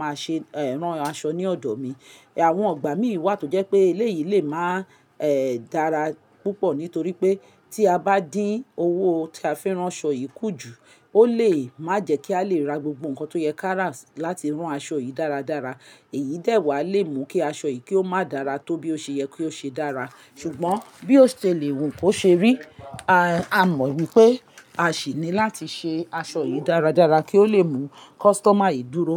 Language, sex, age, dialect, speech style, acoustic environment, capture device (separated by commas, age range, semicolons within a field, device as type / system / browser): Yoruba, female, 45-54, Nigeria - Lagos Yoruba, relaxed, silence, mobile / Linux / Chrome